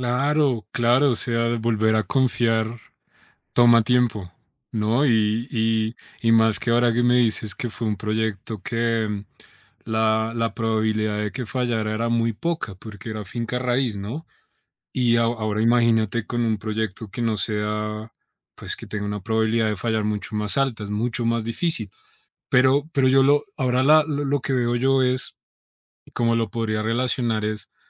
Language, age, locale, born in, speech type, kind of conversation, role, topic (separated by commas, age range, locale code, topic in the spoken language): Spanish, 30-34, es-CO, Colombia, conversation, advice, advisor, ¿Cómo puedo superar el miedo a iniciar un nuevo proyecto después de un fracaso?